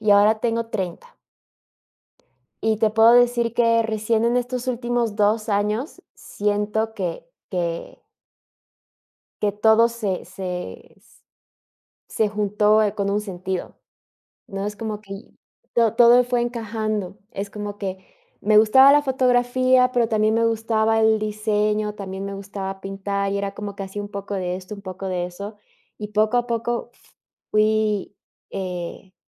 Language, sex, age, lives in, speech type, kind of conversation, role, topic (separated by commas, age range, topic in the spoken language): Spanish, female, 30-34, United States, conversation, podcast, guest, ¿Cómo manejas la presión social sobre lo que significa triunfar?